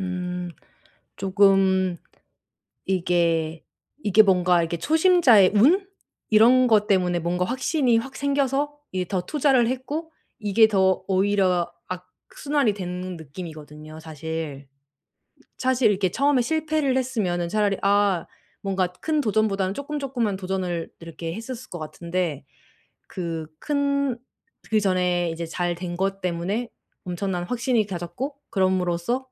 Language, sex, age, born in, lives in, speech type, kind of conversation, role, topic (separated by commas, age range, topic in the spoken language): Korean, female, 35-39, South Korea, Germany, conversation, advice, advisor, 실수나 실패를 두려워하지 않도록 어떻게 마음을 바꿀 수 있나요?